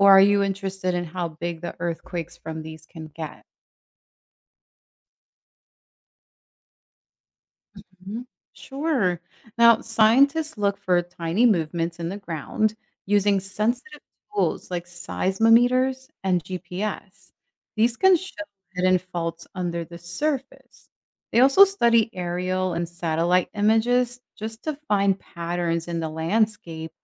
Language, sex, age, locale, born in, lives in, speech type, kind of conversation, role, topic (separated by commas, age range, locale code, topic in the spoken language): English, female, 35-39, en-US, United States, United States, read, expert-assistant, expert, How do earthquakes happen and how do scientists study and prepare for them?